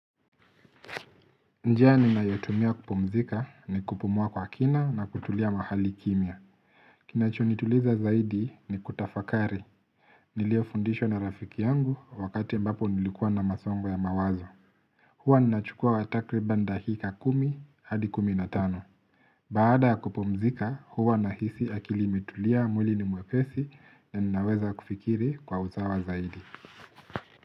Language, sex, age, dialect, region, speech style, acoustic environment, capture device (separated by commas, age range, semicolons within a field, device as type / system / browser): Swahili, male, 25-34, Kenya - Nairobi Sheng-influenced, Kenya, relaxed, silence, mobile / Linux / Chrome